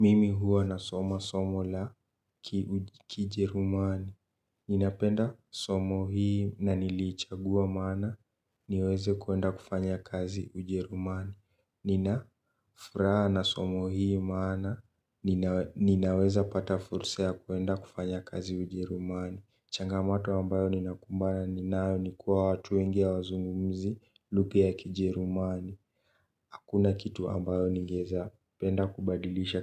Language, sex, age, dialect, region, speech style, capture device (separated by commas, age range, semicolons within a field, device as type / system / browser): Swahili, male, 18-24, Kenya - Nairobi Sheng-influenced, Kenya, relaxed, mobile / Linux / Chrome